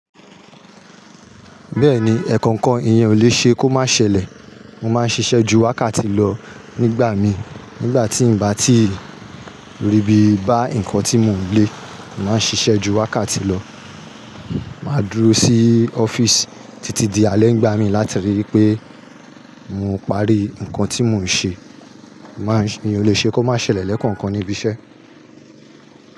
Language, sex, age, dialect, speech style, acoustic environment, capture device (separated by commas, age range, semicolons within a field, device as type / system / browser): Yoruba, male, 35-44, Nigeria - Nigerian Standard, happy; nervous; excited, people talking; nature, mobile / Linux / Chrome